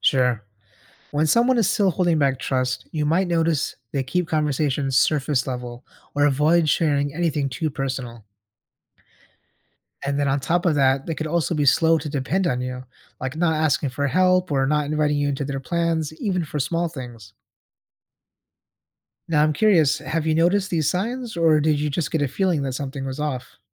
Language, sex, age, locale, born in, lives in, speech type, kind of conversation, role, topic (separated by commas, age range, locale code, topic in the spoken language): English, male, 30-34, en-US, United States, United States, read, expert-assistant, expert, How do you build trust with someone new in your life?